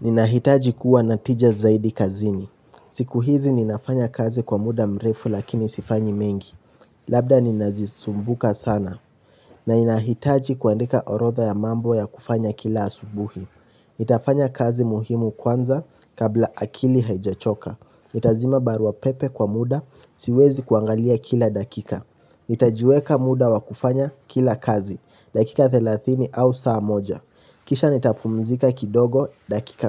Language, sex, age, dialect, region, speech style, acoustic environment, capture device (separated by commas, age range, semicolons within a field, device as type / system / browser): Swahili, male, 18-24, Kenya - Nairobi Sheng-influenced, Kenya, relaxed, nature, mobile / Linux / Chrome